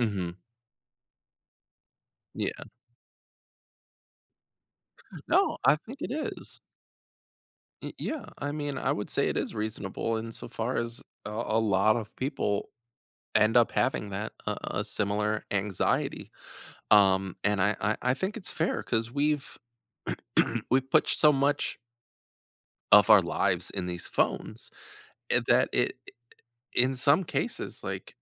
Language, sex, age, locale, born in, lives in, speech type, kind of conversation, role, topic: English, male, 30-34, en-US, United States, United States, conversation, podcast, guest, What helps you maintain a healthy balance between technology use and offline activities?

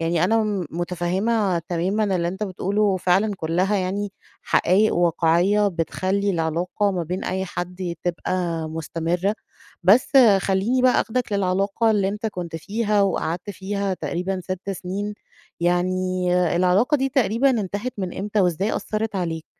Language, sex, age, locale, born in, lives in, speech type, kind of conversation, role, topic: Arabic, female, 35-39, ar-EG, Egypt, Egypt, conversation, advice, advisor, إزاي أكمّل حياتي بعد ما علاقة طويلة انتهت؟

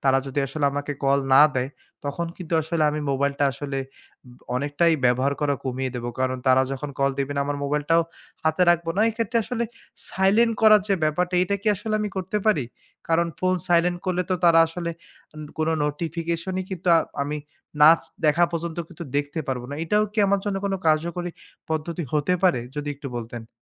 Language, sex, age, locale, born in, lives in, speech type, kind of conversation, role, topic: Bengali, male, 25-29, bn-BD, Bangladesh, Bangladesh, conversation, advice, user, কাজের মাঝখানে বারবার সোশ্যাল মিডিয়া বা ব্রাউজিং দেখা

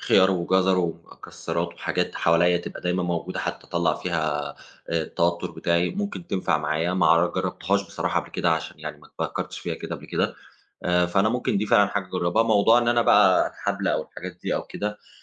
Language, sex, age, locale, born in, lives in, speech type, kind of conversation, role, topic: Arabic, male, 30-34, ar-EG, Egypt, Germany, conversation, advice, user, إزاي بتعتمد على الأكل أو الشرب عشان تتعامل مع التوتر؟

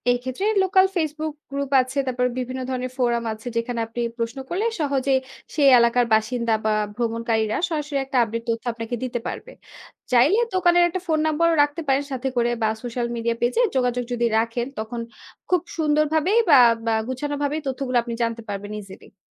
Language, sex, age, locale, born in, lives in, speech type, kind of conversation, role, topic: Bengali, female, 25-29, bn-BD, Bangladesh, Bangladesh, read, expert-assistant, expert, নতুন দেশে ঘুরতে যাওয়ার আগে তথ্য বা গাইডলাইন পাওয়ার জন্য সবচেয়ে নির্ভরযোগ্য সোর্স কোনটা বলে মনে হয়?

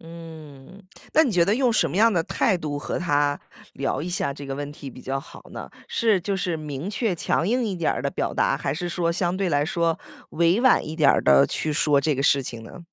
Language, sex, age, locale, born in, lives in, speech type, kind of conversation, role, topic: Chinese, female, 35-39, zh-CN, United States, United States, conversation, advice, user, 我在家休息时经常被别人打扰，该怎么办？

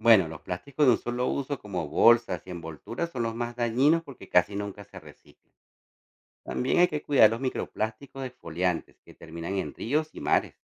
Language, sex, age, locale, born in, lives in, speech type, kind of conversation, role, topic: Spanish, male, 40-44, es-CO, Venezuela, United States, read, expert-assistant, expert, ¿Cómo puedo reducir el uso de plásticos en mi vida diaria?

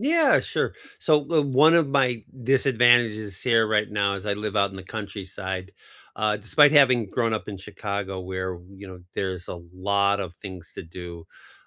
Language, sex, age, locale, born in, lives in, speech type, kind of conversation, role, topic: English, male, 55-59, en-US, United States, United States, conversation, unstructured, none, What live events are on your bucket list, such as concerts, theater, comedy, or sports?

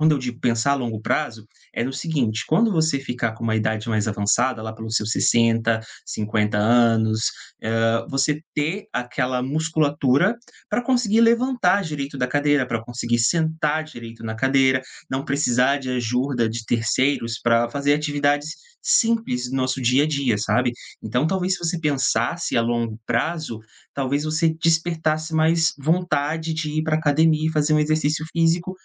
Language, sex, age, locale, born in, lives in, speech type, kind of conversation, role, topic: Portuguese, male, 30-34, pt-BR, Brazil, Portugal, conversation, advice, advisor, Como a insônia e os pensamentos acelerados estão atrapalhando sua recuperação?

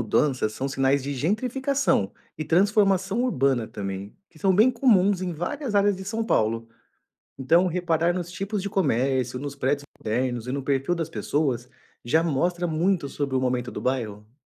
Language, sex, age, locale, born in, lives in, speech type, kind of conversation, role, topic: Portuguese, male, 35-39, pt-BR, Brazil, Portugal, read, expert-assistant, expert, Como posso aprender a perceber as mudanças no meu bairro e o que elas dizem sobre a cidade?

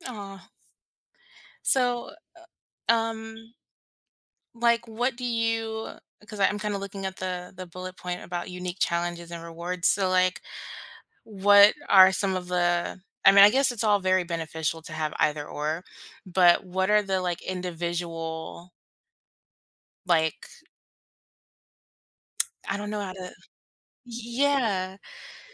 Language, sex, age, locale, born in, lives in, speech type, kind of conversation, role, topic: English, female, 25-29, en-US, United States, United States, conversation, unstructured, none, What are your thoughts on keeping cats versus dogs as pets?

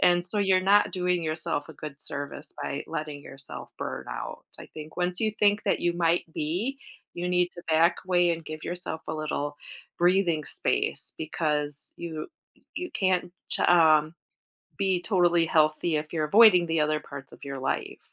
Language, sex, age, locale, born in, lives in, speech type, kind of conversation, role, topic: English, female, 45-49, en-US, United States, United States, conversation, advice, advisor, How can I slow down to avoid burnout?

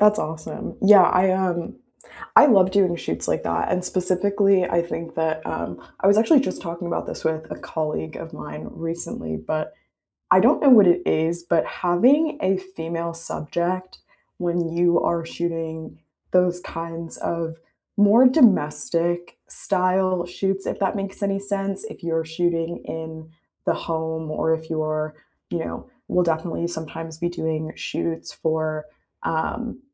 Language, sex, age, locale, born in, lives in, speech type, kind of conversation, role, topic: English, female, 20-24, en-US, United States, United States, conversation, unstructured, none, What’s the story behind a favorite photo on your phone, and why is it meaningful to you?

